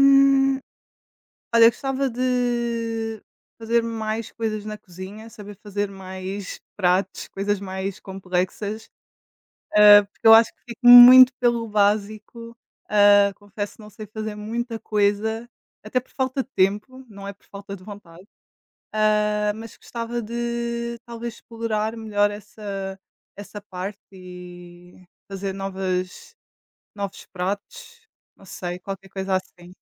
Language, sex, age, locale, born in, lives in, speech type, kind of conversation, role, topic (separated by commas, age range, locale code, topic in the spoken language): Portuguese, female, 20-24, pt-PT, Portugal, Portugal, conversation, unstructured, none, Como um passatempo pode ajudar a aliviar o estresse do dia a dia?